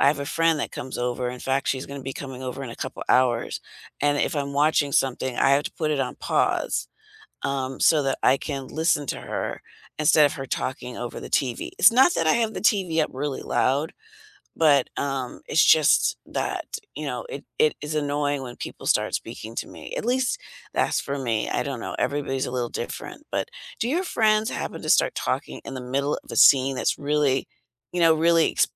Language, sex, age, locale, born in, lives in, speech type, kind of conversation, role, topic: English, female, 60-64, en-US, United States, United States, conversation, unstructured, none, What TV show would you recommend to absolutely everyone?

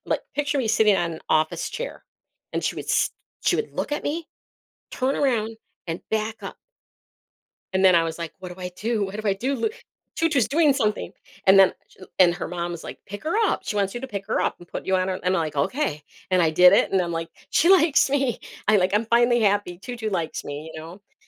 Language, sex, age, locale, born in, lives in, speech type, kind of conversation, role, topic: English, female, 55-59, en-US, United States, United States, conversation, unstructured, none, How do animals impact our emotions and well-being?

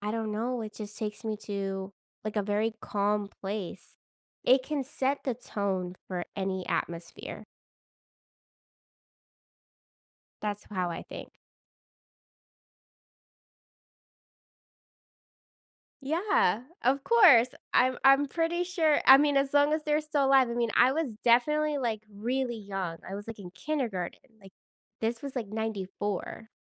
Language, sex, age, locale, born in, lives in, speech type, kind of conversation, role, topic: English, female, 30-34, en-US, United States, United States, conversation, podcast, host, How has your favorite hobby shaped your life or perspective?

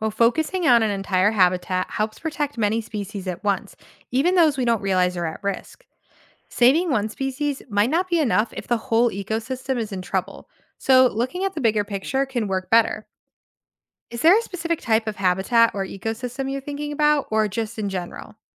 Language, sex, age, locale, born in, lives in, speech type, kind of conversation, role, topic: English, female, 25-29, en-US, United States, United States, read, expert-assistant, expert, Why do some plants and animals go extinct?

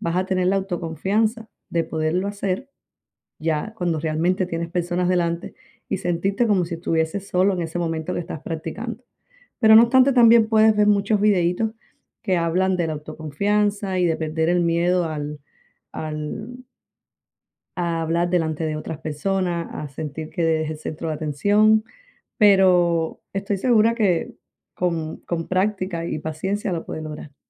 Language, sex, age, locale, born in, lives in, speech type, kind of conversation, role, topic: Spanish, female, 35-39, es-CU, Cuba, United States, conversation, advice, advisor, ¿Cómo te has sentido inseguro al pedir reconocimiento o crédito por tu trabajo?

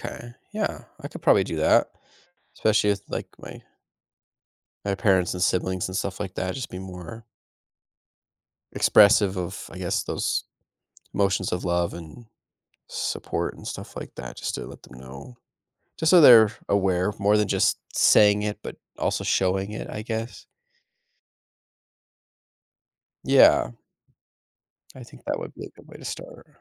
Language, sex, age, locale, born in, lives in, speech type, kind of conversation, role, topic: English, male, 30-34, en-US, United States, United States, conversation, advice, user, How can I start sharing my feelings with family and friends?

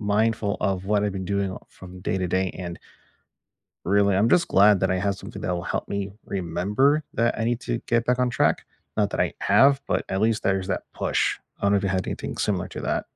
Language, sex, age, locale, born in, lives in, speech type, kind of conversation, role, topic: English, male, 40-44, en-US, United States, United States, conversation, unstructured, none, Do wearables help you build healthier habits, or do they just add another screen—what’s your real-life take?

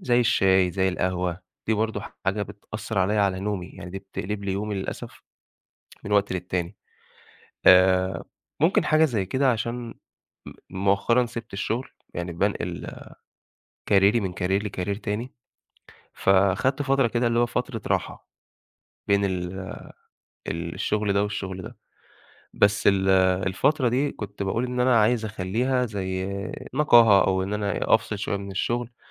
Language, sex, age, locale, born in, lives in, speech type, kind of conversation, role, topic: Arabic, male, 25-29, ar-EG, Egypt, Egypt, conversation, advice, user, ليه مش قادر ألتزم بروتين صباحي ثابت؟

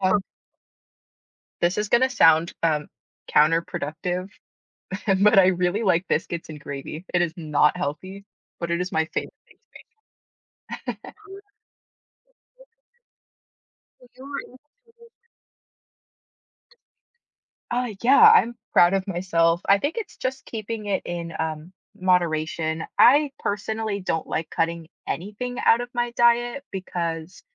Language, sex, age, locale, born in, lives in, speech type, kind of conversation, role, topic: English, female, 25-29, en-US, United States, United States, conversation, unstructured, none, Which small daily rituals help you feel your best, and how can we encourage each other?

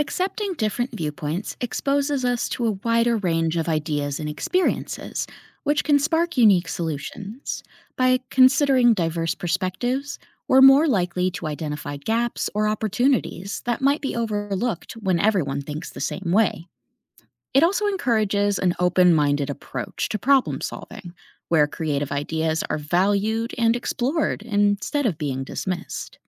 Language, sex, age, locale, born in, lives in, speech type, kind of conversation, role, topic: English, female, 30-34, en-US, United States, United States, read, expert-assistant, expert, How does exposure to art and culture influence creativity?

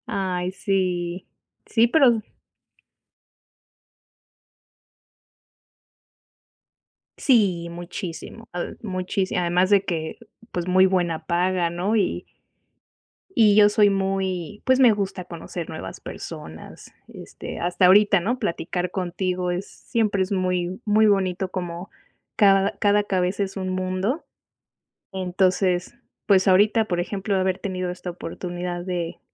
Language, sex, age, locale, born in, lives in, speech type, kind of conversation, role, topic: Spanish, female, 35-39, es-MX, Mexico, Mexico, conversation, unstructured, none, ¿Alguna vez un trabajo que parecía normal te ha cambiado la vida?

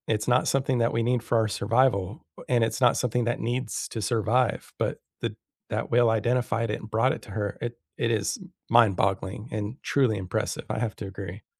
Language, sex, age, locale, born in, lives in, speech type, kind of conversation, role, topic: English, male, 40-44, en-US, United States, United States, conversation, unstructured, none, Have you ever been surprised by how animals help each other?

